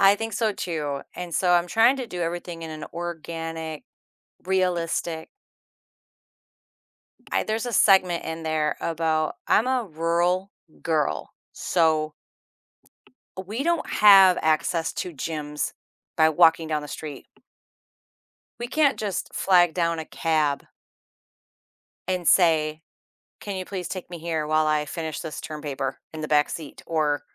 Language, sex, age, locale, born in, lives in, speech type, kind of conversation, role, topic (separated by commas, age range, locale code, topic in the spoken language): English, female, 50-54, en-US, United States, United States, conversation, advice, user, How can you balance work and your personal life?